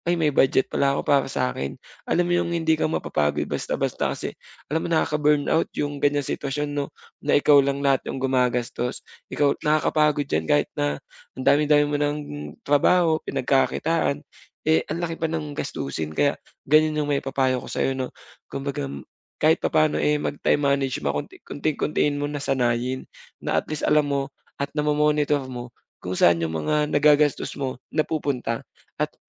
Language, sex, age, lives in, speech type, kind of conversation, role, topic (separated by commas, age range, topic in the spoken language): Filipino, male, 25-29, Philippines, conversation, advice, advisor, Paano ko mababalanse ang kasiyahan ngayon at seguridad sa hinaharap?